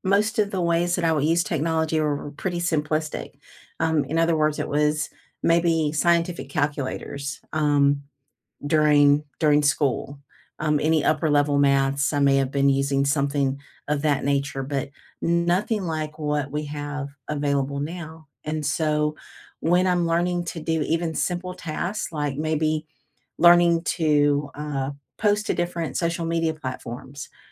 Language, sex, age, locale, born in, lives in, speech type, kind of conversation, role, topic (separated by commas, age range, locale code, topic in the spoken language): English, female, 55-59, en-US, United States, United States, conversation, unstructured, none, How has technology shaped your learning in the past and how is it shaping it now?